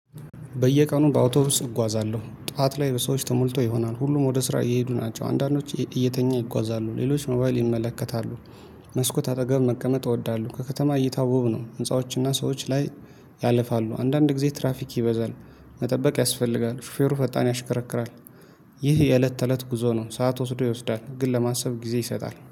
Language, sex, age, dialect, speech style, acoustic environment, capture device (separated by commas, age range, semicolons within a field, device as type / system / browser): Amharic, male, 25-34, Ethiopia - Addis Ababa, happy, nature, mobile / Linux / Chrome